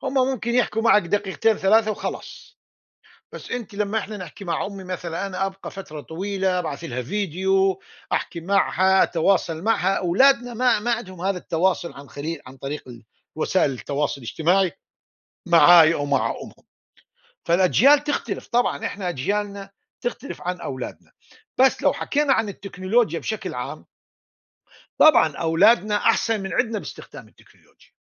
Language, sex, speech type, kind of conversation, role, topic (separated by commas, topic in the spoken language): Arabic, male, conversation, unstructured, none, هل إنت شايف إن التكنولوجيا بتقرّب العيلة من بعض ولا بتبعّدها؟